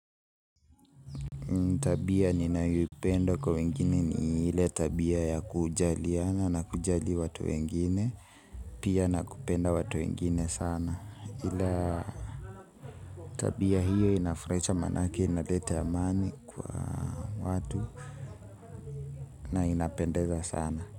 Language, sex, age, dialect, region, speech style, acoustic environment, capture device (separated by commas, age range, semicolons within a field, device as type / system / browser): Swahili, male, 18-24, Kenya - Nairobi Sheng-influenced, Kenya, excited, people talking, mobile / Linux / Chrome